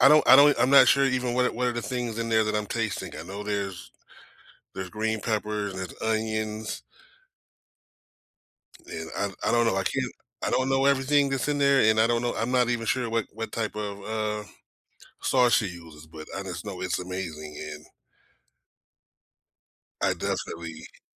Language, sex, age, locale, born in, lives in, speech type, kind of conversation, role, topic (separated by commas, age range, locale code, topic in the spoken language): English, male, 45-49, en-US, United States, United States, conversation, unstructured, none, What is your favorite comfort food, and why?